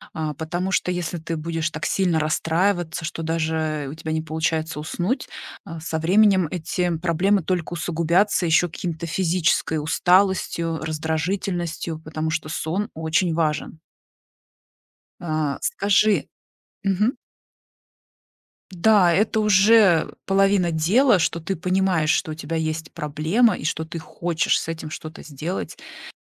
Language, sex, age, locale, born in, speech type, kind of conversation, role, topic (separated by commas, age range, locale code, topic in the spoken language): Russian, female, 40-44, ru-RU, Russia, conversation, advice, advisor, Как мне заснуть, если из‑за навязчивых мыслей и тревоги не получается уснуть?